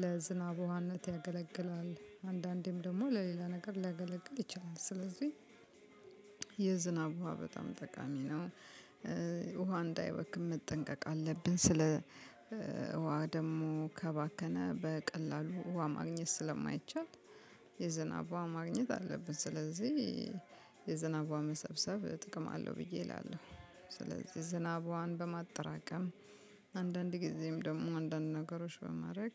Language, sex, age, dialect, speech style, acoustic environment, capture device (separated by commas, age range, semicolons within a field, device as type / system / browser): Amharic, female, 35-44, Ethiopia - Addis Ababa, happy, music, mobile / Linux / Chrome